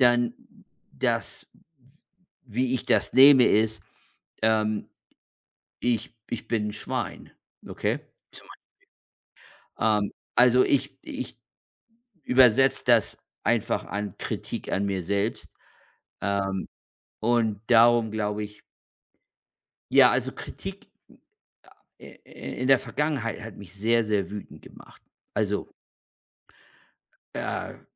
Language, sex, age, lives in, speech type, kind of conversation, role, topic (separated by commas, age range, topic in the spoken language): German, male, 55-59, United States, conversation, unstructured, none, Wie gehst du mit Kritik an deiner Persönlichkeit um?